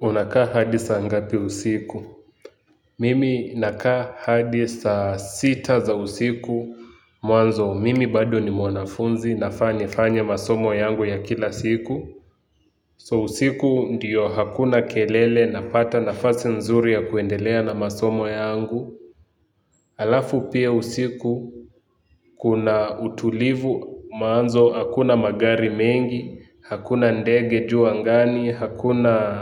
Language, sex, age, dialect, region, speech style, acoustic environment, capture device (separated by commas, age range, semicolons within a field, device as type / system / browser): Swahili, male, 18-24, Kenya - Nairobi Sheng-influenced, Kenya, excited, people talking; music; nature, mobile / Linux / Chrome